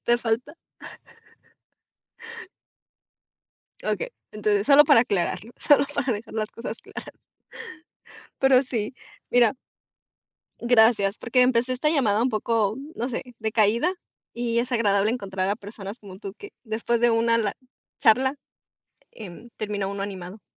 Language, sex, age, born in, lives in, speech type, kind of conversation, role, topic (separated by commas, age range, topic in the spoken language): Spanish, female, 25-29, Mexico, Mexico, conversation, unstructured, none, ¿Cómo aprendes de las experiencias difíciles?